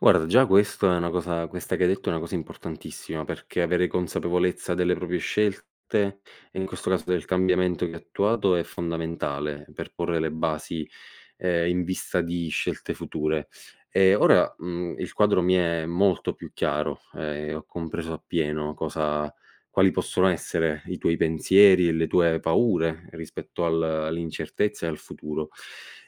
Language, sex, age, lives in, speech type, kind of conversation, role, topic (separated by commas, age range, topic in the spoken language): Italian, male, 25-29, Italy, conversation, advice, advisor, Come posso chiarire il mio percorso di carriera e prendere decisioni professionali più consapevoli?